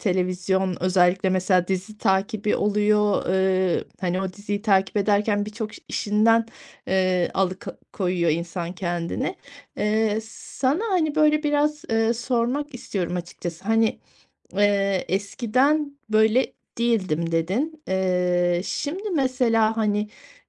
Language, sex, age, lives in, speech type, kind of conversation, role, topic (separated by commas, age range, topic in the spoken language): Turkish, female, 40-44, Spain, conversation, advice, advisor, Dikkatini dağıtan alışkanlıklardan neden uzak duramıyorsun?